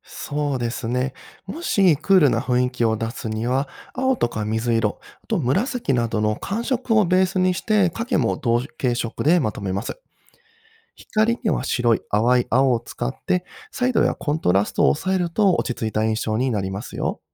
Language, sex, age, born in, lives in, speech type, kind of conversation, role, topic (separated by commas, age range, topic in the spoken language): Japanese, male, 30-34, Japan, Japan, read, expert-assistant, expert, デジタルアートをもっと上達させるには、日々どんな工夫をするといいのでしょうか？